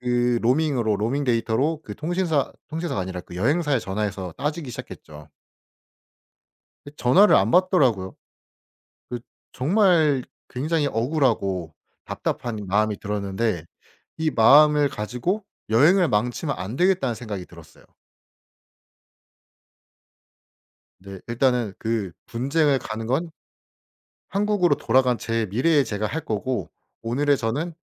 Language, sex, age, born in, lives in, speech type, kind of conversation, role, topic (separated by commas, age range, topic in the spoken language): Korean, male, 25-29, South Korea, South Korea, conversation, podcast, guest, 여행 중 가장 기억에 남는 순간은 뭐였어요?